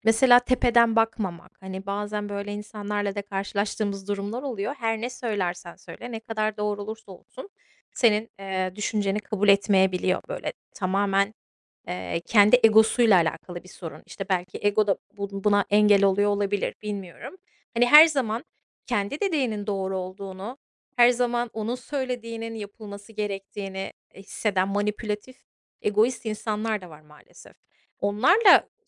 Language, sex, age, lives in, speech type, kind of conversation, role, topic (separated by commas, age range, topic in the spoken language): Turkish, female, 35-39, United States, conversation, unstructured, none, Farklı düşüncelere açık olmak neden mutluluk verir?